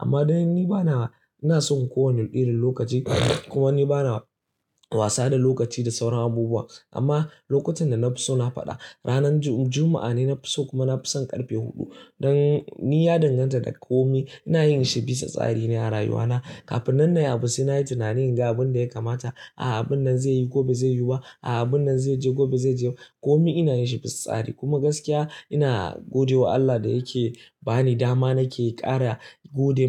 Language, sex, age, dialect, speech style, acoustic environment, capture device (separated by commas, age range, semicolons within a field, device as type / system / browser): Hausa, male, 18-24, Nigeria - Kano, happy, silence, mobile / Linux / Chrome